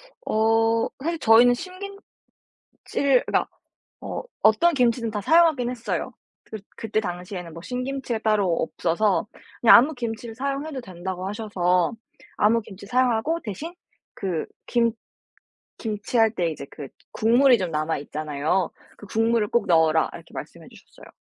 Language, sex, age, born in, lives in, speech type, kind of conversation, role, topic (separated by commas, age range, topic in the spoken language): Korean, female, 18-19, South Korea, United States, conversation, podcast, guest, 가족 전통 음식 레시피를 어떻게 전수받았나요?